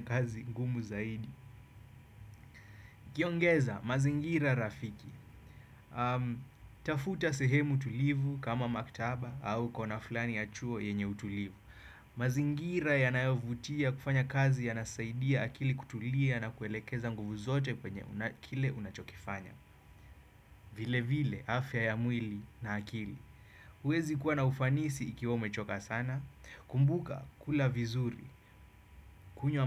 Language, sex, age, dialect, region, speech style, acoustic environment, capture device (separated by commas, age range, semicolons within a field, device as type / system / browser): Swahili, male, 25-34, Kenya - Nairobi Sheng-influenced, Kenya, happy; focused, nature; appliances, desktop / Windows / Chrome